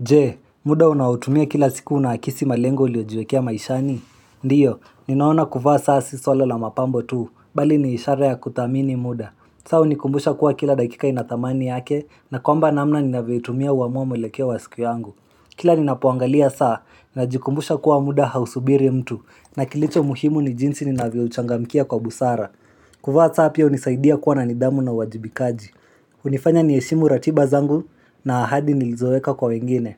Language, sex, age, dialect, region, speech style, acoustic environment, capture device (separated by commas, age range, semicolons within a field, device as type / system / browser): Swahili, male, 18-24, Kenya - Nairobi Sheng-influenced, Kenya, relaxed, silence, mobile / Linux / Chrome